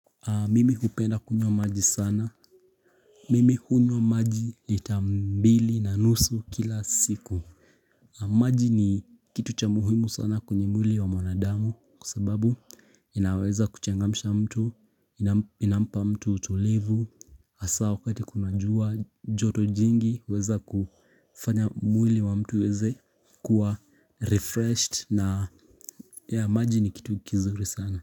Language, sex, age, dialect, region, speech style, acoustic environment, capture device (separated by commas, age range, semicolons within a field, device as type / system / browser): Swahili, male, 18-24, Kenya - Nairobi Sheng-influenced, Kenya, relaxed, people talking; nature; appliances, mobile / Linux / Chrome